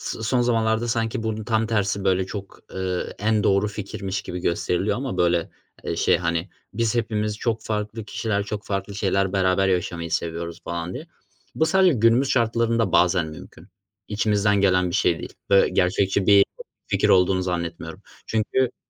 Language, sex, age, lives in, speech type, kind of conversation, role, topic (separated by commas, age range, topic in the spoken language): Turkish, male, 20-24, Germany, conversation, unstructured, none, Türkiye’de din özgürlüğü gerçekten var mı?